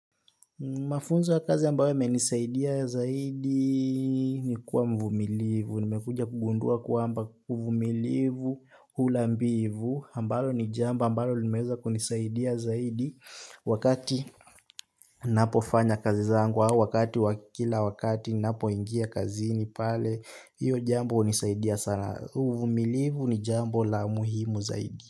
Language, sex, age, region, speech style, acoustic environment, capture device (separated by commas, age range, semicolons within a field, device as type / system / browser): Swahili, male, 18-24, Kenya, happy; relaxed; nervous, silence, desktop / Linux / Chrome